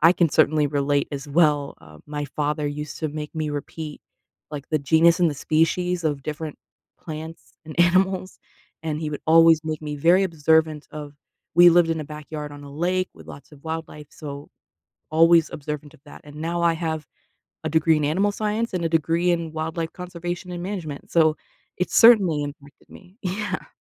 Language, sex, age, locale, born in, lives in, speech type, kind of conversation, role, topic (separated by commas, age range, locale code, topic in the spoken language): English, female, 25-29, en-US, United States, United States, conversation, podcast, host, How did your childhood experiences shape your passion for learning?